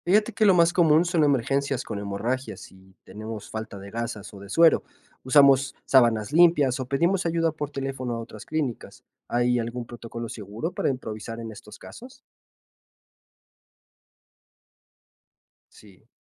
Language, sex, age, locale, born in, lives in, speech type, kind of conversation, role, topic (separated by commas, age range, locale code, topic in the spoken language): Spanish, male, 25-29, es-MX, Mexico, Mexico, read, expert-assistant, asker, ¿Qué soluciones creativas podrías usar para que todo funcione bien en una clínica con poco presupuesto?